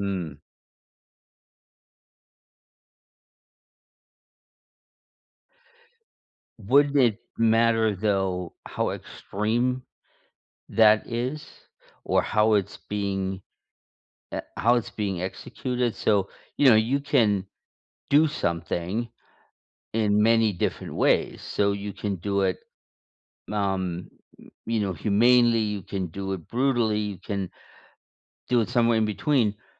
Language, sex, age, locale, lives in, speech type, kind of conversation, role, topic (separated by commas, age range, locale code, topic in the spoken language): English, male, 55-59, en-US, United States, conversation, unstructured, none, What frustrates you most about how politicians handle promises?